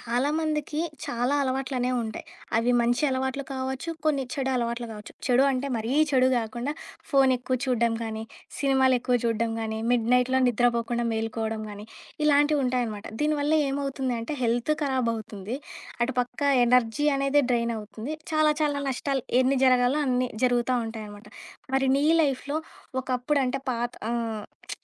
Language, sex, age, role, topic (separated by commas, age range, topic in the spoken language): Telugu, female, 25-29, host, పాత అలవాట్లను మానుకోవడానికి మీరు తీసుకోవాల్సిన మొదటి చిన్న అడుగు ఏది?